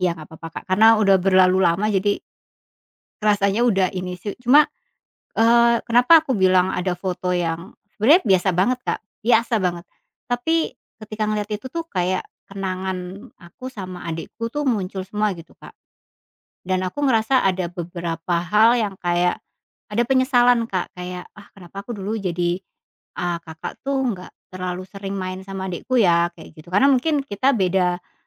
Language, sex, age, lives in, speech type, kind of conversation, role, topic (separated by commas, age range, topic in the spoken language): Indonesian, female, 40-44, Indonesia, conversation, podcast, guest, Pernahkah kamu punya foto yang awalnya terlihat biasa saja, tetapi ternyata menyimpan cerita besar?